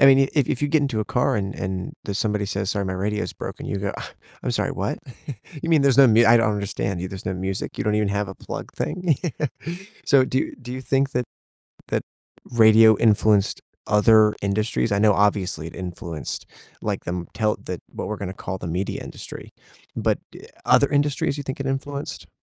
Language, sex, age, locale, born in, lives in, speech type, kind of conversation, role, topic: English, male, 25-29, en-US, United States, United States, conversation, podcast, host, How have major inventions shaped the way we live today?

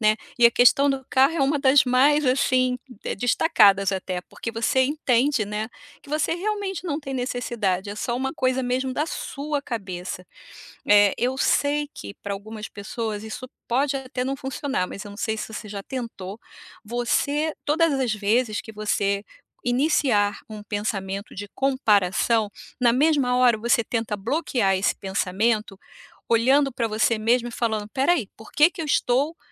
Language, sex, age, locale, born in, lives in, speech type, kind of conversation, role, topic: Portuguese, female, 55-59, pt-BR, Brazil, United States, conversation, advice, advisor, Por que eu me comparo tanto com amigos e colegas e isso me deixa para baixo?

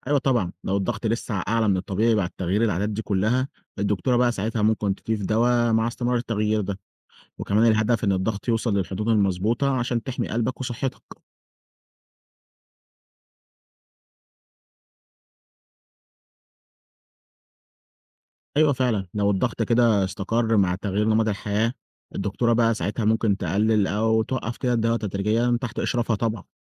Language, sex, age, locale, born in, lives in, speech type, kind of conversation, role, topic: Arabic, male, 20-24, ar-EG, Egypt, Egypt, read, expert-assistant, expert, لما حد يجيله ضغط عالي، إيه الأفضل: يبتدي العلاج بأدوية ولا يركز الأول على تغيير نمط الحياة؟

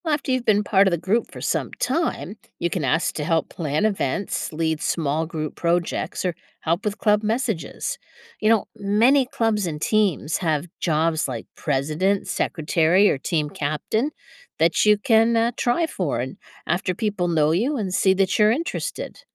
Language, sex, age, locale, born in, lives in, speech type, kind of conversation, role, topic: English, female, 55-59, en-US, United States, United States, read, expert-assistant, expert, What are the benefits of joining a school club?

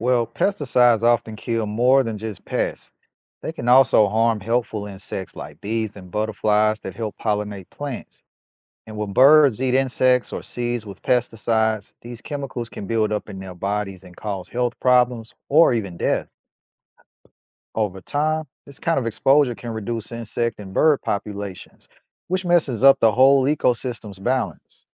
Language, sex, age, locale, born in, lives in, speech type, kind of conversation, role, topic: English, male, 35-39, en-US, United States, United States, read, expert-assistant, expert, How do pesticides impact insects and birds?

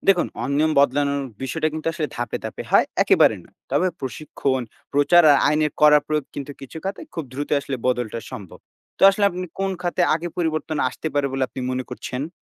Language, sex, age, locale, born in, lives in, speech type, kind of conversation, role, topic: Bengali, male, 20-24, bn-BD, Bangladesh, Bangladesh, read, expert-assistant, expert, যদি বাংলাদেশের সরকার ব্যবস্থা হঠাৎ করে সুইডেন বা জাপানের মতো হয়ে যেত, তাহলে আমাদের রাজনৈতিক ও সামাজিক সংস্কৃতিতে কী কী বড় পরিবর্তন আসতো বলে আপনি মনে করেন?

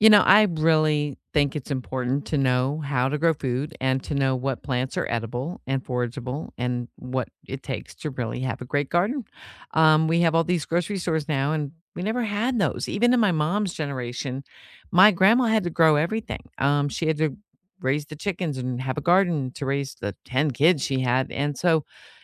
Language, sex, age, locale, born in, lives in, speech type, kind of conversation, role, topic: English, female, 55-59, en-US, United States, United States, conversation, unstructured, none, What class do you wish had existed when you were younger?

